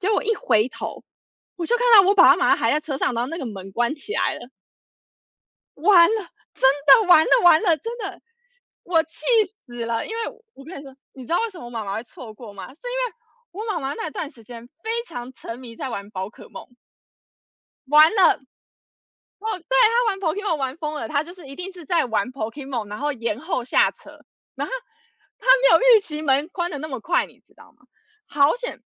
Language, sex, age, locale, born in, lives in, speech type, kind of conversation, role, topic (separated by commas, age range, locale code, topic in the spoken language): Chinese, female, 30-34, zh-TW, United States, United States, conversation, unstructured, none, 你觉得旅行中最难忘的经历是什么？